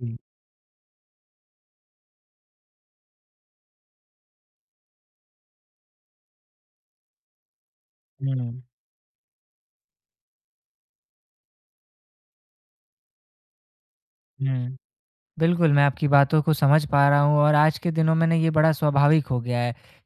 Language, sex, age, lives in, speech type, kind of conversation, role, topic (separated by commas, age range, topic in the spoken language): Hindi, male, 20-24, India, conversation, advice, advisor, मैं भोजन और मीडिया का सजग उपभोग कैसे कर सकता/सकती हूँ?